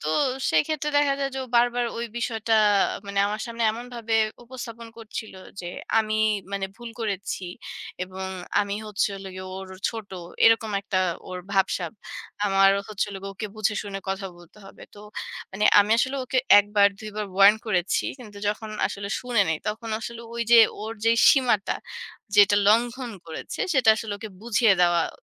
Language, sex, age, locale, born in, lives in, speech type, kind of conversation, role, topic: Bengali, female, 30-34, bn-BD, Bangladesh, Bangladesh, conversation, podcast, guest, আপনি আপনার ব্যক্তিগত সীমা কীভাবে বিনয়ের সঙ্গে স্পষ্টভাবে জানাবেন?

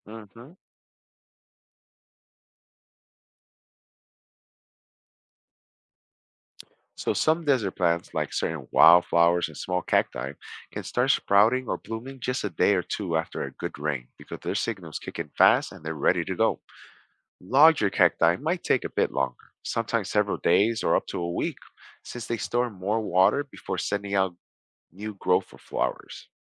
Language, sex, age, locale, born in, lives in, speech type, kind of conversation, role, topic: English, male, 40-44, en-US, United States, United States, read, expert-assistant, expert, How do cacti survive in the desert?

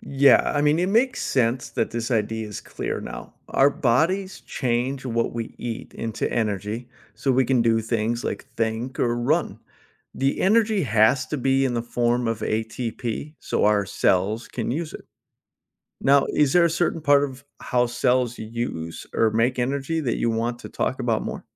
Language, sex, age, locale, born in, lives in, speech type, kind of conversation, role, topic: English, male, 40-44, en-US, United States, United States, read, expert-assistant, expert, Why do living things need energy to survive?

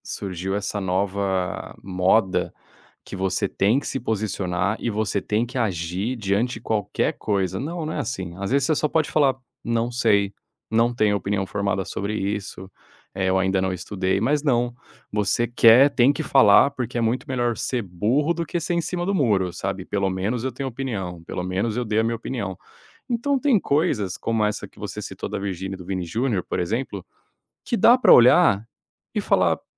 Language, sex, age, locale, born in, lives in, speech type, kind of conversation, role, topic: Portuguese, male, 30-34, pt-BR, Brazil, Spain, conversation, podcast, guest, Como separar informação útil do ruído na internet?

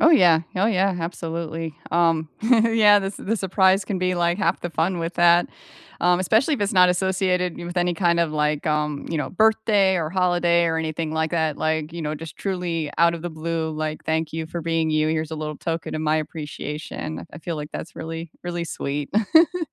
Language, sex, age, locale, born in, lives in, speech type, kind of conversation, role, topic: English, female, 40-44, en-US, United States, United States, conversation, unstructured, none, How do you show and receive appreciation?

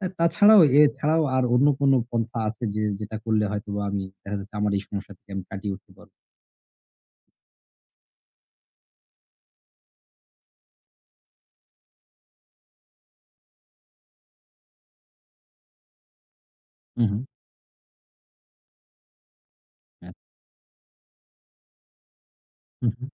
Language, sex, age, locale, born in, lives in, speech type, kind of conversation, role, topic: Bengali, male, 35-39, bn-BD, Bangladesh, Bangladesh, conversation, advice, user, আপনি কি রাতে বারবার জেগে উঠছেন এবং পুরো রাত ঠিকমতো বিশ্রাম পাচ্ছেন না?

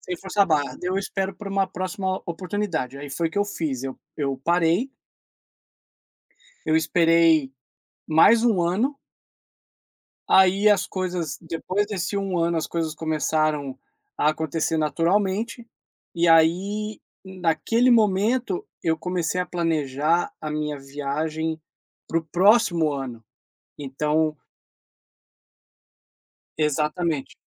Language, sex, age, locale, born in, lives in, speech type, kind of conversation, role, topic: Portuguese, male, 40-44, pt-BR, Brazil, United States, conversation, podcast, guest, Qual decisão difícil te moldou como pessoa?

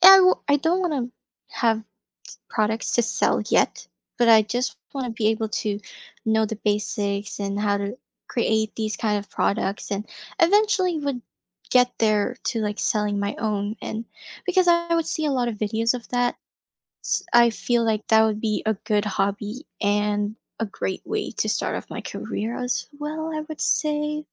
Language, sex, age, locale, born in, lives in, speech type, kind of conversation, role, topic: English, female, 20-24, en-US, Philippines, United States, conversation, advice, user, How can I figure out the right career to switch to and take first steps?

